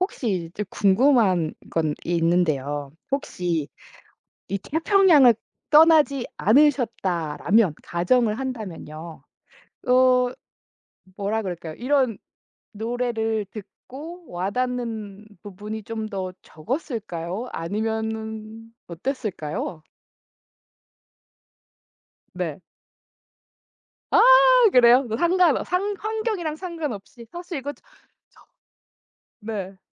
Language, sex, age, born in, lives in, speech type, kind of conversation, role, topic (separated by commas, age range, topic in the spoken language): Korean, female, 40-44, South Korea, United States, conversation, podcast, host, 어떤 노래를 들으면 가장 ‘나다움’이 느껴지나요?